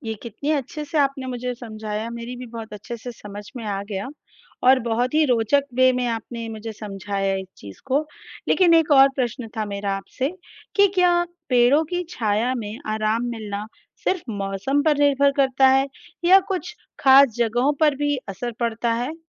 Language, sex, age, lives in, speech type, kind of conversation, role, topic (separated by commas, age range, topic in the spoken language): Hindi, female, 35-39, India, read, expert-assistant, asker, पेड़ की छाया ठंडी क्यों लगती है?